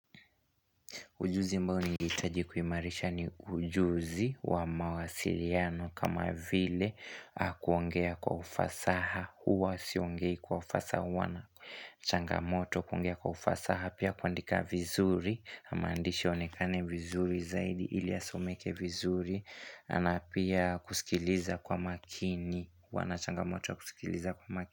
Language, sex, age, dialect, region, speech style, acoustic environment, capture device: Swahili, male, 18-24, Kenya - Nairobi Sheng-influenced, Kenya, relaxed, music, desktop / Linux / Chrome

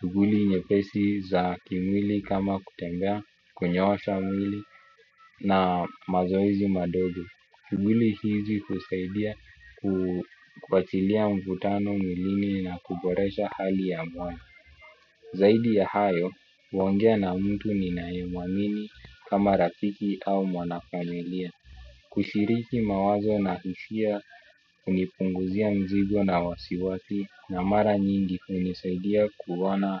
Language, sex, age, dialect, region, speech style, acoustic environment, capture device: Swahili, male, 25-34, Kenya - Nairobi Sheng-influenced, Kenya, excited; focused, people talking; music, desktop / Windows / Chrome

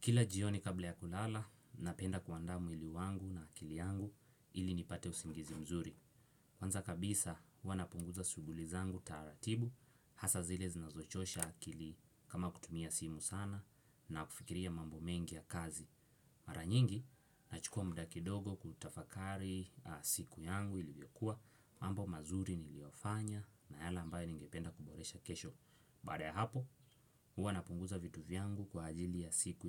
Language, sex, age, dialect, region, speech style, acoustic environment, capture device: Swahili, male, 18-24, Kenya - Mombasa, Kenya, excited, silence, desktop / Windows / Chrome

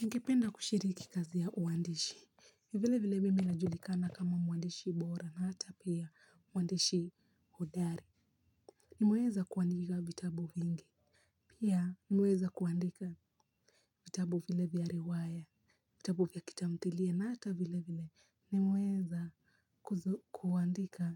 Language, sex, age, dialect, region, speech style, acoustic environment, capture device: Swahili, female, 18-24, Kenya - Mombasa, Kenya, relaxed, silence, mobile / Linux / Chrome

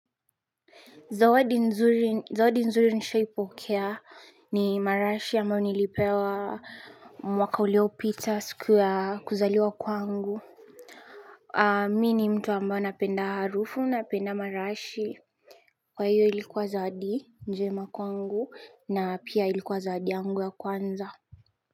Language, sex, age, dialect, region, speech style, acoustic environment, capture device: Swahili, female, 25-34, Kenya - Nairobi Sheng-influenced, Kenya, relaxed, nature, mobile / Linux / Chrome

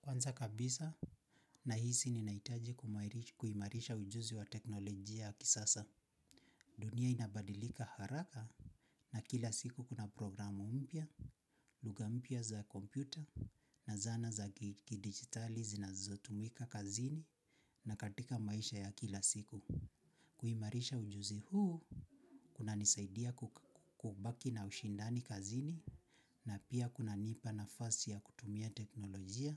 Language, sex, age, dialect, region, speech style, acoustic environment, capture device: Swahili, male, 25-34, Kenya - Nairobi Sheng-influenced, Kenya, relaxed; anxious, silence, mobile / Linux / Chrome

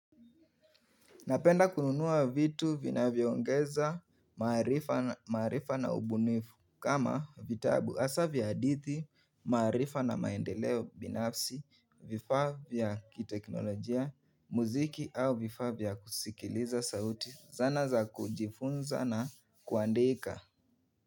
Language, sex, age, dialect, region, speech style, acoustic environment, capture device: Swahili, male, 18-24, Kenya - Nairobi Sheng-influenced, Kenya, relaxed, silence, mobile / Linux / Chrome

